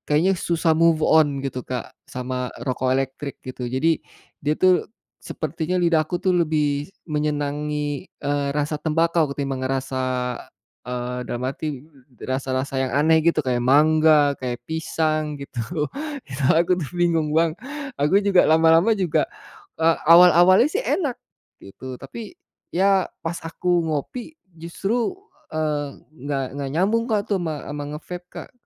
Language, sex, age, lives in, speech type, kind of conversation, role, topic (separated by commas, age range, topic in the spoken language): Indonesian, male, 45-49, Indonesia, conversation, advice, user, Mengapa saya selalu kembali ke kebiasaan lama meskipun ingin mengubahnya?